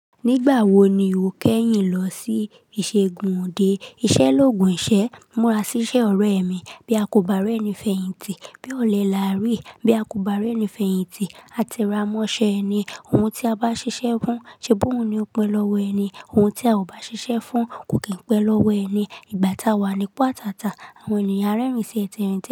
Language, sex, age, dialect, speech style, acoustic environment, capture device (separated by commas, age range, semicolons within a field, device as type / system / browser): Yoruba, female, 25-34, Nigeria - Lagos Yoruba, relaxed, silence, mobile / Linux / Chrome